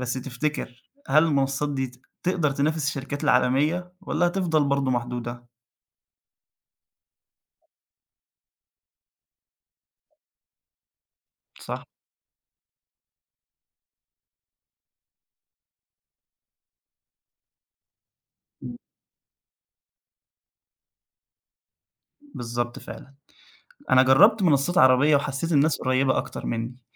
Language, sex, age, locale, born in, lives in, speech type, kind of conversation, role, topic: Arabic, male, 20-24, ar-EG, Egypt, Egypt, read, expert-assistant, asker, تخيل لو منصات السوشيال ميديا كلها بقت لازم تدفع اشتراك شهري عشان تدخل عليها. يا ترى الناس هتتصرف إزاي؟ وإيه البدائل اللي ممكن يلاقوها؟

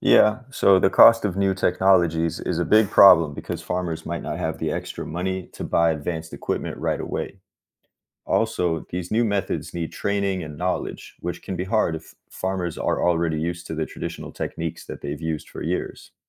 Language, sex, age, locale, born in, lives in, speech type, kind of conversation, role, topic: English, male, 30-34, en-US, United States, United States, read, expert-assistant, expert, What is the water cycle and how does it work?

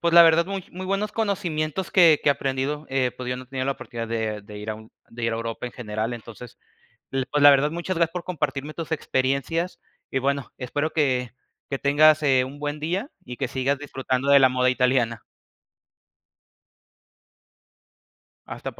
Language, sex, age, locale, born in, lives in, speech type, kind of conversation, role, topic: Spanish, male, 25-29, es-MX, Mexico, Mexico, conversation, podcast, host, ¿Sientes presión social para vestirte de cierta manera?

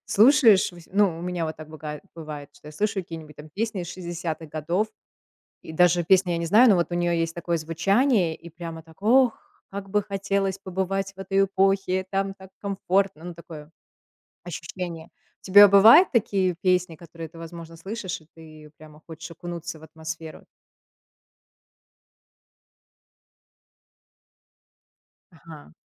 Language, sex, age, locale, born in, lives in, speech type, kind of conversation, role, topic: Russian, female, 35-39, ru-RU, Russia, United States, conversation, podcast, host, Что заставляет тебя ностальгировать при прослушивании трека?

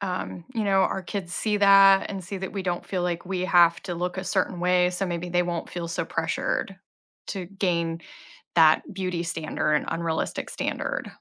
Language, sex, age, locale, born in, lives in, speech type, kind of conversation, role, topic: English, female, 40-44, en-US, United States, United States, conversation, unstructured, none, What frustrates you about unrealistic body standards in sports?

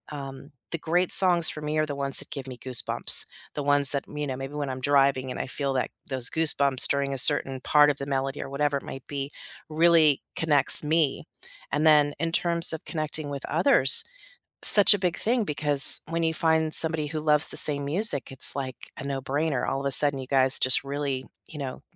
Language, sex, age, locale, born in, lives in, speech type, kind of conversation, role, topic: English, female, 50-54, en-US, United States, United States, conversation, unstructured, none, How have music, art, and sports shaped your learning journey and helped you connect with others?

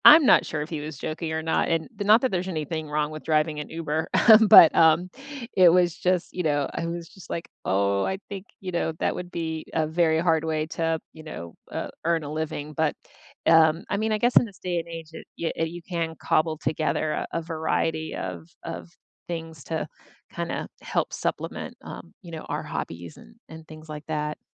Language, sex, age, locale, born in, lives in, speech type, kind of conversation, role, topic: English, female, 50-54, en-US, United States, United States, conversation, unstructured, none, Which hobby did you leave behind, and what would it take to rekindle it?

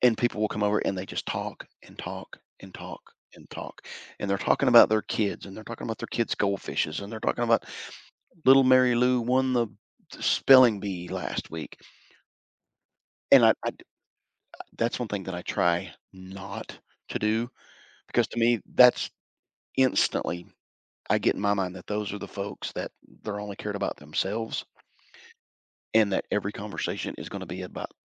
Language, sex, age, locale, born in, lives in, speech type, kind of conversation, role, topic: English, male, 55-59, en-US, United States, United States, conversation, podcast, guest, What helps people feel like they truly belong when joining a new community?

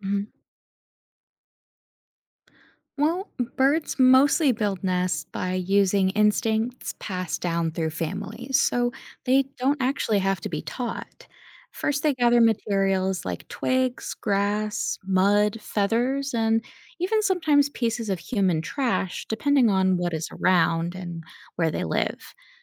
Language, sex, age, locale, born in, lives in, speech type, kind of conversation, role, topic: English, female, 30-34, en-US, United States, United States, read, expert-assistant, expert, How do birds build their nests?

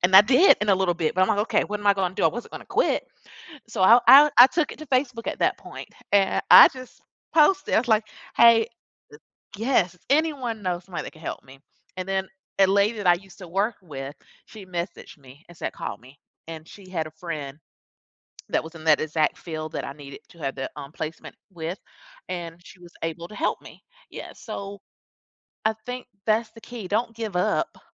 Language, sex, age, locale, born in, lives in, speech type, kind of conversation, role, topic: English, female, 40-44, en-US, United States, United States, conversation, podcast, guest, What helps you stay motivated and resilient when working toward your goals?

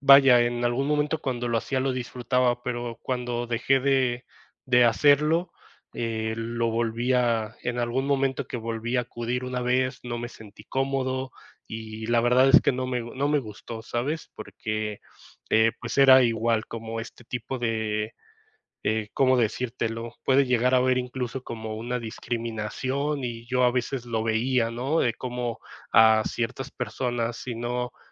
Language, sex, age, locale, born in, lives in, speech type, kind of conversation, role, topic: Spanish, male, 30-34, es-MX, Mexico, Mexico, conversation, podcast, guest, ¿Cómo manejas la presión de los demás sobre cómo te vistes?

